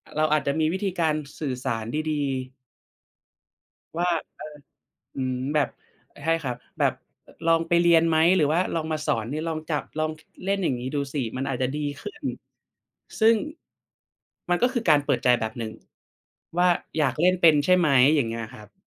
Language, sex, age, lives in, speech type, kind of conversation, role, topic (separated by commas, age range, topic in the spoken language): Thai, male, 25-29, Thailand, conversation, unstructured, none, คุณคิดว่าการสื่อสารอย่างเปิดใจช่วยให้ความรักดีขึ้นไหม?